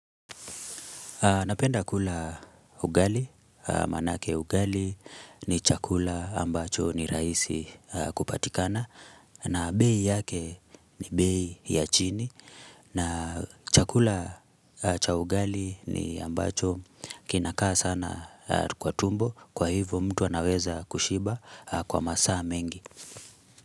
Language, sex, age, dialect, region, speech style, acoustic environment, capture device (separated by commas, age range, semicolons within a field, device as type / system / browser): Swahili, male, 25-34, Kenya - Nairobi Sheng-influenced, Kenya, relaxed; focused, silence, mobile / Linux / Chrome